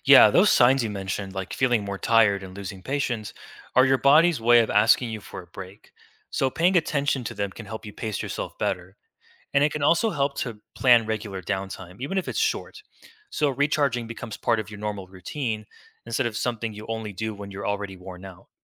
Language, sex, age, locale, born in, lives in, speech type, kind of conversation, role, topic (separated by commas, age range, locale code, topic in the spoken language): English, male, 20-24, en-US, United States, United States, read, expert-assistant, expert, Why is it important to accept change in life?